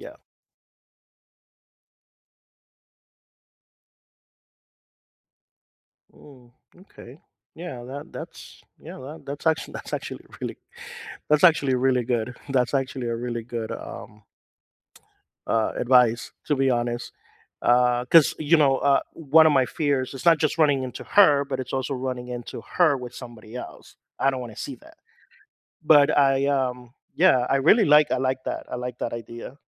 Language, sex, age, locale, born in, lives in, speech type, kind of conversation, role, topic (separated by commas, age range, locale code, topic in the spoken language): English, male, 45-49, en-US, United States, United States, conversation, advice, user, How do I stop feeling lost and move forward after a breakup?